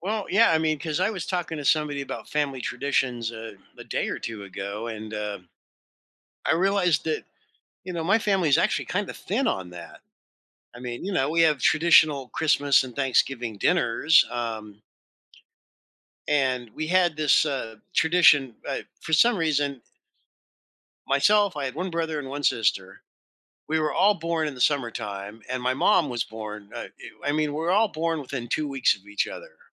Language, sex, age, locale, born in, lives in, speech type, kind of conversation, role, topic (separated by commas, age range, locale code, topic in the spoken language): English, male, 60-64, en-US, United States, United States, conversation, podcast, host, How do family traditions help strengthen our connections and sense of belonging?